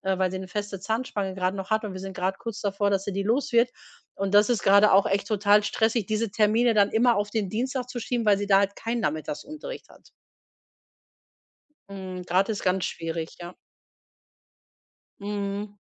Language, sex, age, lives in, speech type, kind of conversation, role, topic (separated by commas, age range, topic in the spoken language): German, female, 45-49, Germany, conversation, advice, user, Wie kann ich meine unregelmäßigen Schlafenszeiten in den Griff bekommen, wenn ich ständig müde bin?